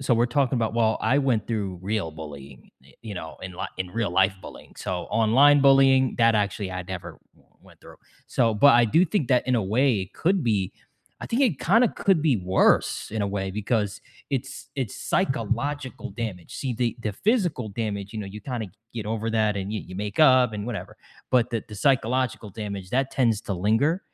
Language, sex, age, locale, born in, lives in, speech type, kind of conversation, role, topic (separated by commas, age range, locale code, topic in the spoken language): English, male, 25-29, en-US, United States, United States, conversation, unstructured, none, How has the rise of social media changed the way young people experience bullying?